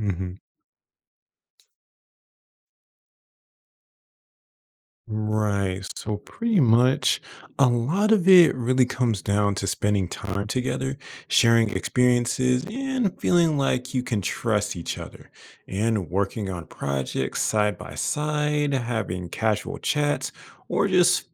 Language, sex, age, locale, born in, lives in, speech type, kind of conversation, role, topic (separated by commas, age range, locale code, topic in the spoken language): English, male, 25-29, en-US, United States, United States, read, expert-assistant, expert, What helps coworkers build strong social connections?